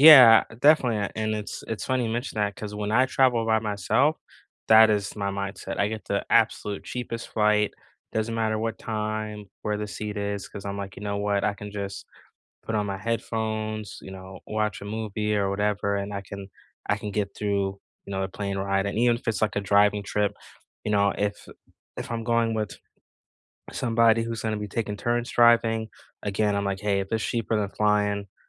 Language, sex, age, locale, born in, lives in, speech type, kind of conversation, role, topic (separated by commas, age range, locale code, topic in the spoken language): English, male, 40-44, en-US, United States, United States, conversation, unstructured, none, How do you balance your budget, time, and fun when planning a trip?